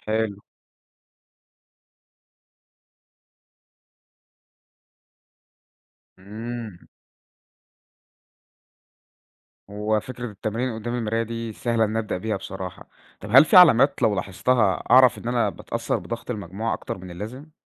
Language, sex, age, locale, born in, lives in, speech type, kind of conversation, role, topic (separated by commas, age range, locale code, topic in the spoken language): Arabic, male, 20-24, ar-EG, Egypt, Egypt, read, expert-assistant, asker, ممكن تشرحلي يعني إيه تأثير المجموعة على تصرفات الفرد؟ زي ليه ساعات الناس بتتصرف بشكل مختلف لما يكونوا وسط ناس كتير؟